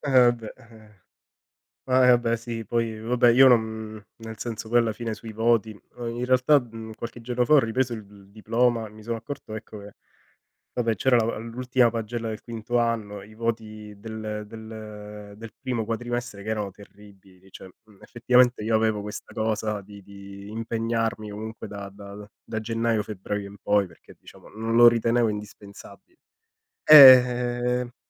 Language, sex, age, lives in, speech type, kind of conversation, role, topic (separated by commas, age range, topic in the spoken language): Italian, male, 30-34, Italy, conversation, unstructured, none, Quale momento a scuola ti fa ancora sorridere?